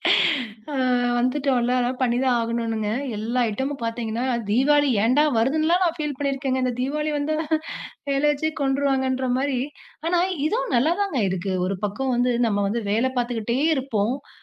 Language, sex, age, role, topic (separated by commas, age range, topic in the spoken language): Tamil, female, 30-34, guest, உங்களுடைய கலாச்சாரப் பாணி எப்படி உருவானது என்று சொல்ல முடியுமா?